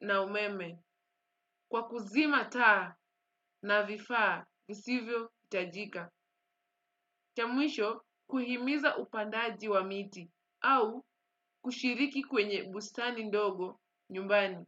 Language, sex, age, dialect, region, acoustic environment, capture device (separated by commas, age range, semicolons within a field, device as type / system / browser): Swahili, female, 25-34, Kenya - Mombasa, Kenya, silence, desktop / Windows / Chrome